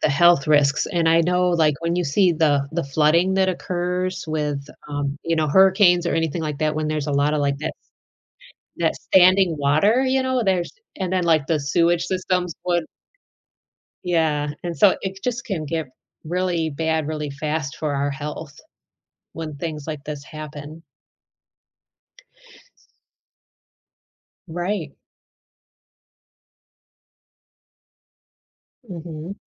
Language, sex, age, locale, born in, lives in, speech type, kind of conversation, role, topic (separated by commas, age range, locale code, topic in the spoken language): English, female, 40-44, en-US, United States, United States, conversation, podcast, host, In what ways is climate change reshaping our environment and daily lives?